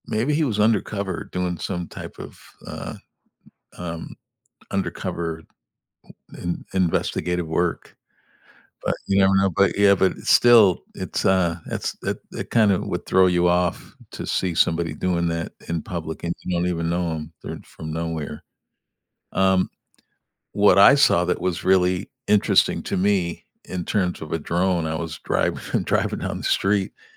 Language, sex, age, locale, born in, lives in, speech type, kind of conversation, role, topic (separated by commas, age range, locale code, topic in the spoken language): English, male, 65-69, en-US, United States, United States, conversation, unstructured, none, How are drones changing the way we solve everyday problems?